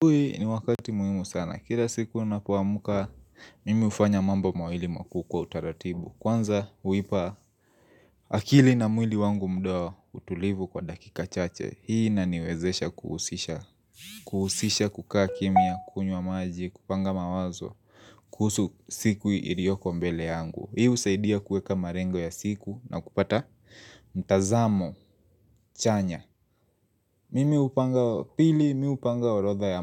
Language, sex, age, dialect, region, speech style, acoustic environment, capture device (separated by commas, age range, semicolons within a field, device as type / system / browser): Swahili, male, 25-34, Kenya - Nairobi Sheng-influenced, Kenya, happy; focused, silence; nature, mobile / Linux / Chrome